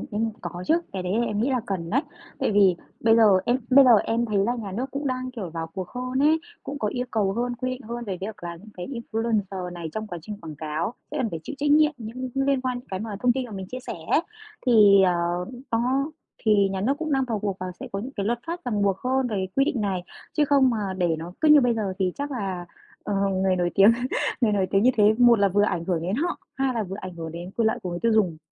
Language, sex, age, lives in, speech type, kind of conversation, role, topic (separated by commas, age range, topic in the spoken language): Vietnamese, female, 30-34, Vietnam, conversation, podcast, guest, Người có ảnh hưởng có phải chịu trách nhiệm về những thông tin họ chia sẻ không?